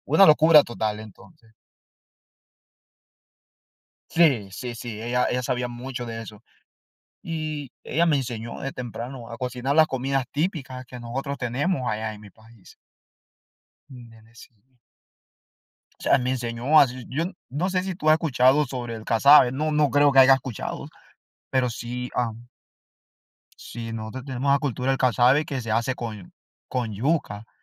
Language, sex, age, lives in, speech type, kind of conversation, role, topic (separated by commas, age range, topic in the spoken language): Spanish, male, 25-29, United States, conversation, unstructured, none, ¿Te gustaría compartir un recuerdo feliz de alguien que ya no está?